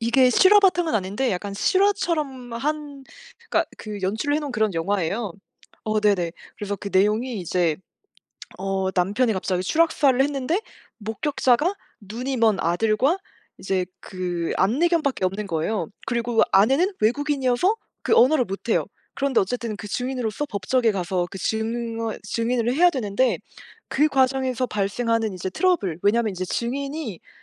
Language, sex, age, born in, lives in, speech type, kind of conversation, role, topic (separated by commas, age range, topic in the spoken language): Korean, female, 25-29, South Korea, France, conversation, unstructured, none, 가장 좋아하는 영화 장르는 무엇인가요?